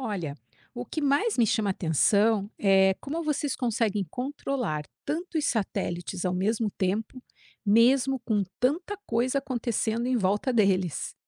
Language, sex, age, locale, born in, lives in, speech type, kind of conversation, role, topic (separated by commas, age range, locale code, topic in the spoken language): Portuguese, female, 50-54, pt-BR, Brazil, United States, read, expert-assistant, asker, Como os satélites permanecem em órbita